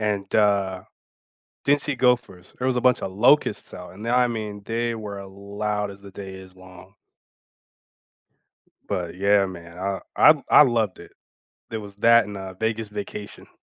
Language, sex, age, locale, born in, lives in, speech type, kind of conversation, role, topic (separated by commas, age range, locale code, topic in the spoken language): English, male, 35-39, en-US, United States, United States, conversation, unstructured, none, Which overlooked comedies are your cozy weekend comfort watches, and what makes them perfect for you?